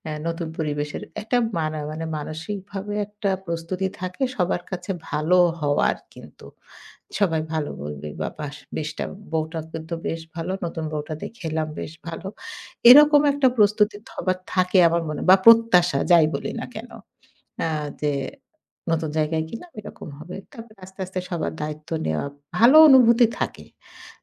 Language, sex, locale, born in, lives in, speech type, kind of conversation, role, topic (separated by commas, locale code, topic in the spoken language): Bengali, female, bn-BD, Bangladesh, Bangladesh, conversation, podcast, guest, পরিবারে নতুন দায়িত্ব নেওয়ার পর আপনার জীবন কীভাবে বদলে গেছে?